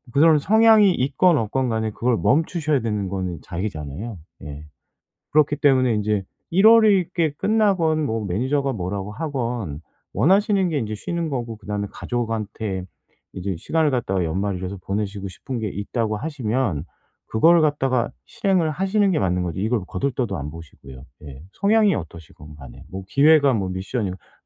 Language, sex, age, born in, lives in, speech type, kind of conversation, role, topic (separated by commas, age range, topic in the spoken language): Korean, male, 45-49, South Korea, South Korea, conversation, advice, advisor, 업무와 회복 시간의 균형을 어떻게 다시 잘 맞출 수 있을까요?